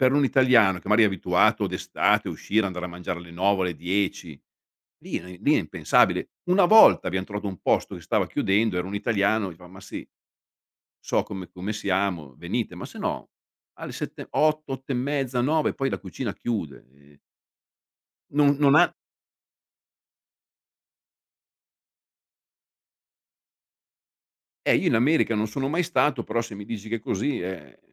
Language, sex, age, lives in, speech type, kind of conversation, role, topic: Italian, male, 55-59, Italy, conversation, podcast, guest, Cosa ti fa sentire davvero parte di una comunità?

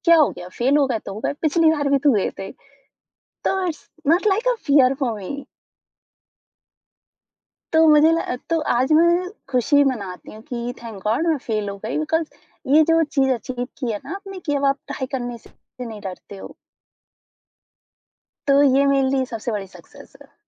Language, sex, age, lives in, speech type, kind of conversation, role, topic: Hindi, female, 20-24, India, conversation, podcast, guest, Kabhi aapne koi badi naakaami ka saamna kiya hai, aur uss tajurbe se aapne kya seekha?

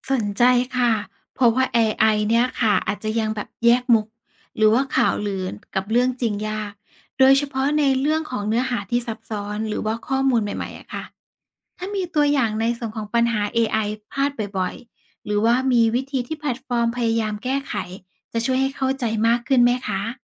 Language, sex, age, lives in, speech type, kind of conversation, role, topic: Thai, female, 35-39, Thailand, read, expert-assistant, asker, สื่อสังคมออนไลน์เลือกเนื้อหามาแสดงให้เราเห็นด้วยวิธีไหน และมีผลต่อความคิดของผู้ใช้ยังไง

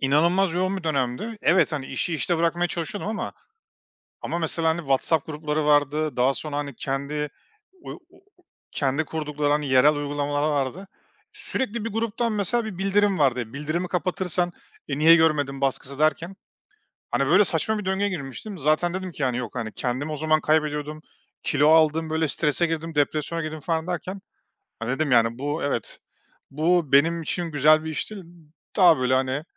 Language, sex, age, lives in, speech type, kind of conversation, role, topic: Turkish, male, 35-39, Estonia, conversation, podcast, guest, Teknoloji kullanırken iş ve özel hayatını nasıl birbirinden ayırıyorsun?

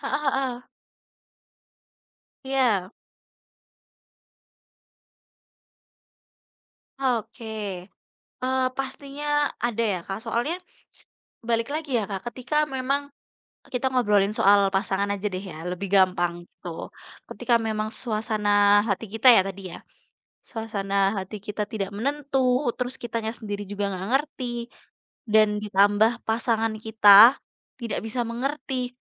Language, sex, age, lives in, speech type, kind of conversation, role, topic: Indonesian, female, 20-24, Indonesia, conversation, unstructured, none, Apa yang membuatmu merasa tenang saat suasana hatimu kacau?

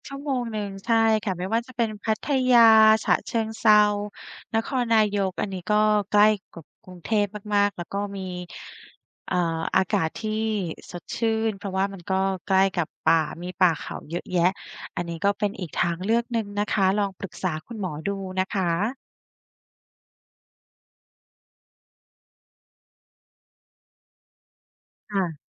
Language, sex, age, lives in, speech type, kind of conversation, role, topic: Thai, female, 35-39, Thailand, conversation, advice, advisor, คุณดูแลพ่อแม่สูงอายุและต้องปรับชีวิตประจำวันอย่างไรบ้าง?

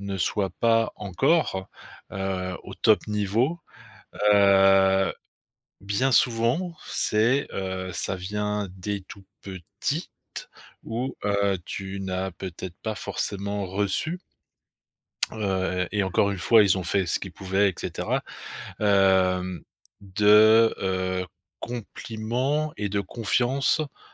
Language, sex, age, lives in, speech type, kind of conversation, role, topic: French, male, 50-54, France, conversation, advice, advisor, Comment décririez-vous votre peur du jugement dans les situations sociales ?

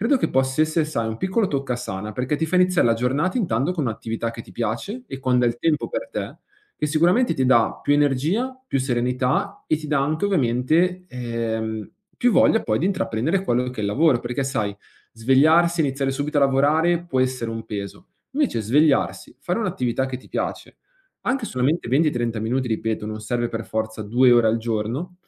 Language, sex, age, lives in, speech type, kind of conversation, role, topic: Italian, male, 25-29, Italy, conversation, advice, advisor, Come posso ritagliare con regolarità del tempo per prendermi cura di me?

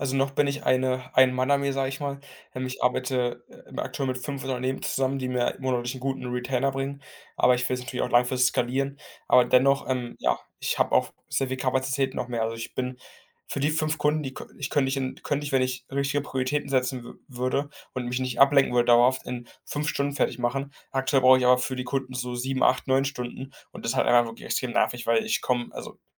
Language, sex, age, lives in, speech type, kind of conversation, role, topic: German, male, 18-19, Germany, conversation, advice, user, Wie kann ich Zeit für meine wichtigsten Aufgaben blockieren, ohne abgelenkt zu werden?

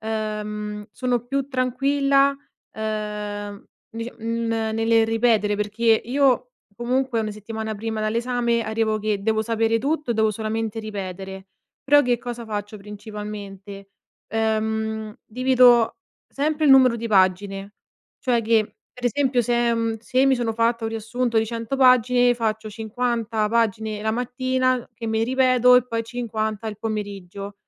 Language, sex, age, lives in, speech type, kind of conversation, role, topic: Italian, female, 25-29, Italy, conversation, podcast, guest, Hai una routine di studio che funziona davvero?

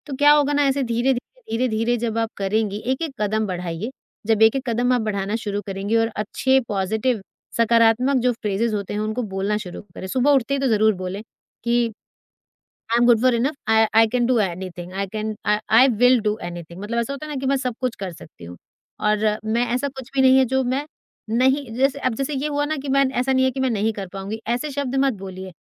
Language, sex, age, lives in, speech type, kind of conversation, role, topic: Hindi, female, 40-44, India, conversation, advice, advisor, फोन और सोशल मीडिया आपका ध्यान बार-बार कैसे भटका देते हैं और आपका समय कैसे बर्बाद कर देते हैं?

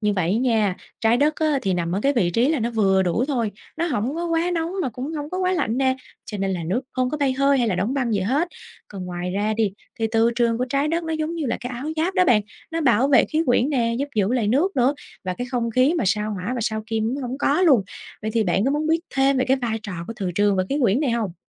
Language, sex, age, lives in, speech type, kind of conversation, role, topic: Vietnamese, female, 25-29, Vietnam, read, expert-assistant, expert, Bạn có thể giải thích quá trình hình thành và tiến hóa của các hành tinh trong Hệ Mặt Trời không? Những yếu tố nào quyết định sự khác biệt giữa các hành tinh?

